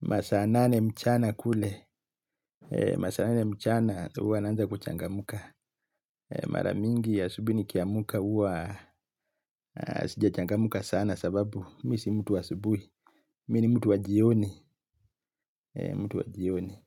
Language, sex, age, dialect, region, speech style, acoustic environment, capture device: Swahili, male, 35-44, Kenya - Mombasa, Kenya, happy, silence, mobile / Linux / Chrome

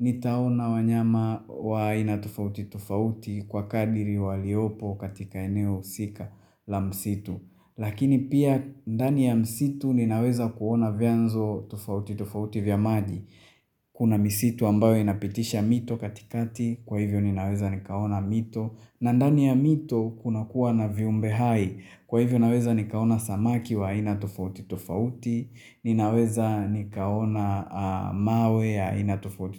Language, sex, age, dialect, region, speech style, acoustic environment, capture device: Swahili, male, 25-34, Tanzania - Dar es Salaam, Tanzania, happy; focused; excited, silence, mobile / Linux / Chrome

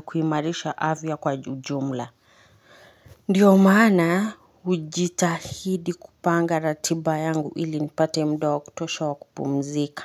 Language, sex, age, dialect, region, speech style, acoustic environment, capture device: Swahili, female, 25-34, Kenya - Nairobi Sheng-influenced, Kenya, nervous, people talking, mobile / Linux / Chrome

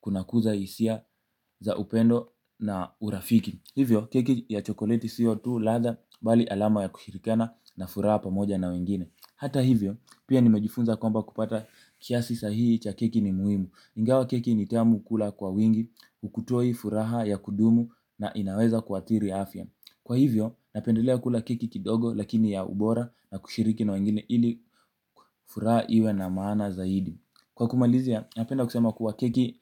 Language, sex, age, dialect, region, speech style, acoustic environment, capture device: Swahili, male, 18-24, Kenya - Mombasa, Kenya, happy, nature, mobile / Linux / Chrome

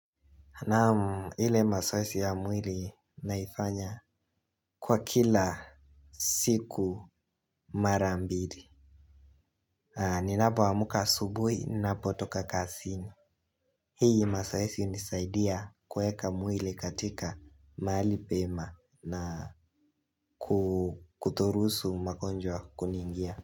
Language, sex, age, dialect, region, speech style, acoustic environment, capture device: Swahili, male, 18-24, Kenya - Nairobi Sheng-influenced, Kenya, relaxed, nature, mobile / Linux / Chrome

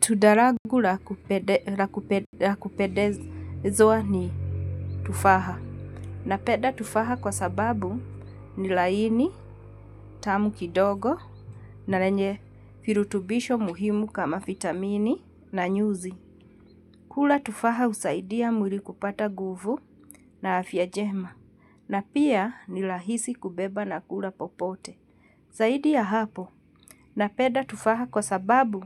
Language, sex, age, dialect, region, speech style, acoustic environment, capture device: Swahili, female, 45-54, Kenya - Nairobi Sheng-influenced, Kenya, relaxed, silence, mobile / Linux / Chrome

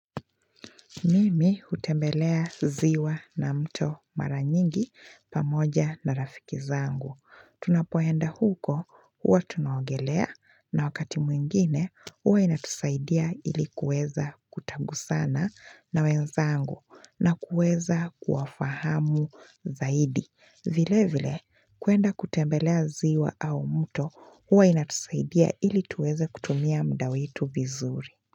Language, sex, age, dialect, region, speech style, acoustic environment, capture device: Swahili, female, 25-34, Kenya - Mombasa, Kenya, happy, nature, mobile / Linux / Chrome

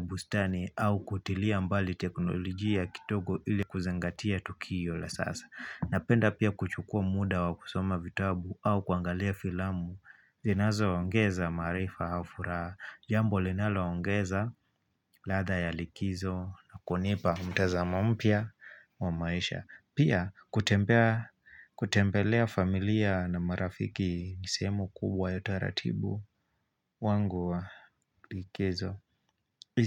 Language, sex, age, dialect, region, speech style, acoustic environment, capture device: Swahili, male, 25-34, Kenya - Mombasa, Kenya, focused, nature, mobile / Linux / Chrome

